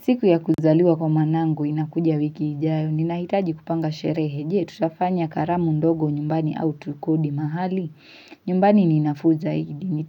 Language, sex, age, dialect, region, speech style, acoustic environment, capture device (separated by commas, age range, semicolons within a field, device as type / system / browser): Swahili, female, 18-24, Kenya - Mombasa, Kenya, relaxed, silence, mobile / Linux / Chrome